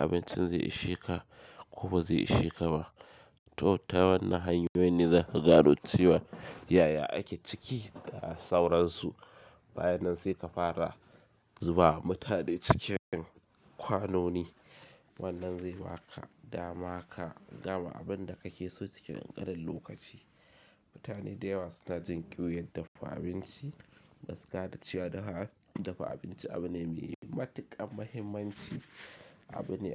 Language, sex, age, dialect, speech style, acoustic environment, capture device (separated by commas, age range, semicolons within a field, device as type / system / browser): Hausa, male, 25-34, Nigeria - Kano, excited, silence, mobile / Linux / Chrome